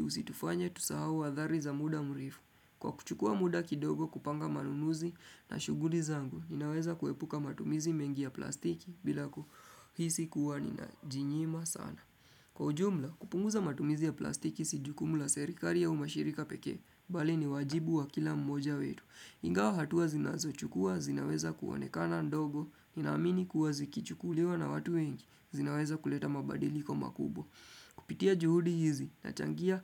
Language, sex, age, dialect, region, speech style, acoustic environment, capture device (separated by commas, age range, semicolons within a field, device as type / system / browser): Swahili, male, 25-34, Kenya - Nairobi Sheng-influenced, Kenya, focused, nature; appliances, desktop / Windows / Chrome